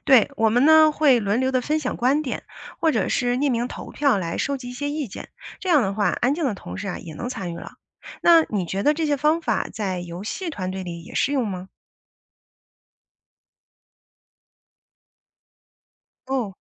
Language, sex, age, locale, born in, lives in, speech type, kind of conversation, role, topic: Chinese, female, 40-44, zh-CN, China, United States, read, expert-assistant, asker, 团队游戏能提升工作中的合作吗？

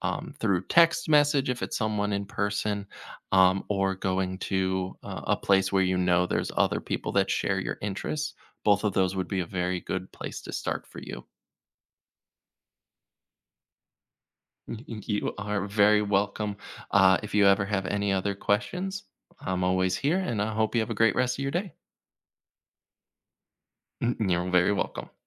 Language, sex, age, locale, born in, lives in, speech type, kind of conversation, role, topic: English, male, 30-34, en-US, United States, United States, conversation, advice, advisor, How can I feel more comfortable and start conversations in social situations?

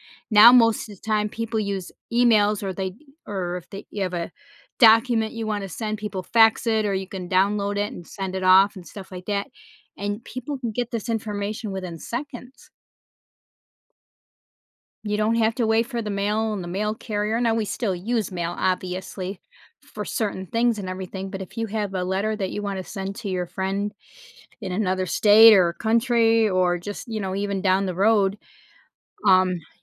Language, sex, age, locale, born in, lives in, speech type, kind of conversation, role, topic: English, female, 55-59, en-US, United States, United States, conversation, podcast, guest, How has technology changed how we communicate?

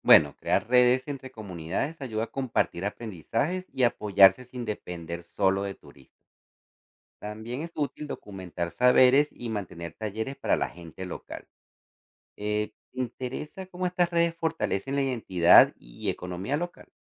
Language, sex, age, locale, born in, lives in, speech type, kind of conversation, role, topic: Spanish, male, 40-44, es-CO, Venezuela, United States, read, expert-assistant, expert, ¿Cómo impacta el turismo sostenible en las comunidades locales?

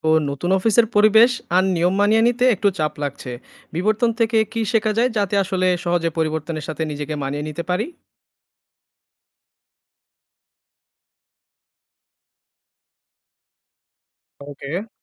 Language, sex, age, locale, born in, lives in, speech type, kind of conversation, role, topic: Bengali, male, 25-29, bn-BD, Bangladesh, Bangladesh, read, expert-assistant, asker, জীবনের নানা পরিবর্তনে মানিয়ে নিতে বিবর্তন আমাদের কী শেখায়?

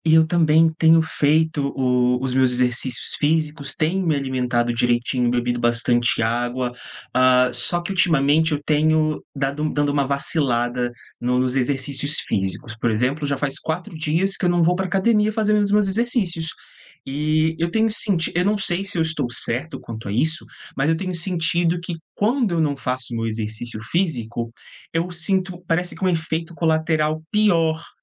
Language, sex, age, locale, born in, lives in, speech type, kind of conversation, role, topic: Portuguese, male, 30-34, pt-BR, Brazil, Portugal, conversation, advice, user, Como você descreve a fadiga mental que sente após longos períodos de concentração?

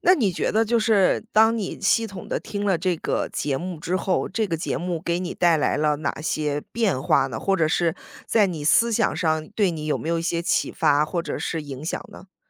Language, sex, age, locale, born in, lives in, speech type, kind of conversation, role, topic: Chinese, female, 35-39, zh-CN, United States, United States, conversation, podcast, host, 你是怎么开始喜欢上这项兴趣的？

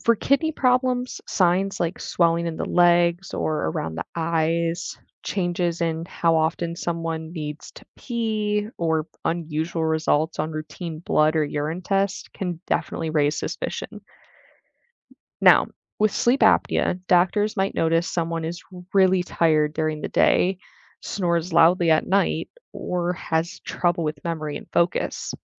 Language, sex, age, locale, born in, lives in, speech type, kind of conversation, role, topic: English, female, 20-24, en-US, United States, United States, read, expert-assistant, expert, Why do some medicines work differently for people?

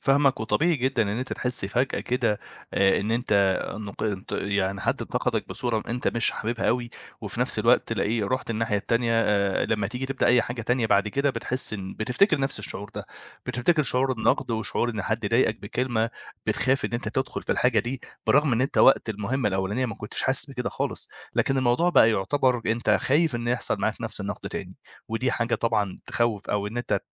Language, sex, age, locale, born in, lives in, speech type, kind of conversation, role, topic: Arabic, male, 25-29, ar-EG, Egypt, Egypt, conversation, advice, advisor, ازاي خوفك من نقد الناس بيمنعك إنك تبدأ عمل فني؟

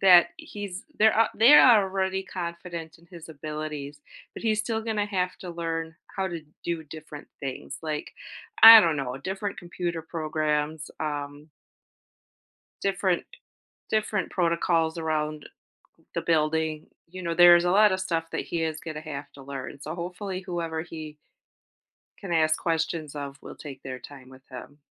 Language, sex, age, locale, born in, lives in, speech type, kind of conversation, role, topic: English, female, 45-49, en-US, United States, United States, conversation, advice, advisor, How can I handle an unexpected promotion?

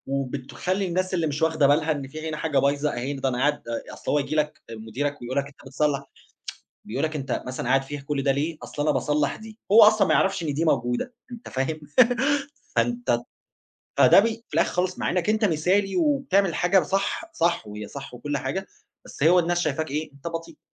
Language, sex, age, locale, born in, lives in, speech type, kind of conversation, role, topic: Arabic, male, 30-34, ar-EG, Egypt, Germany, conversation, podcast, guest, إزاي تتخلّص من المثالية الزيادة؟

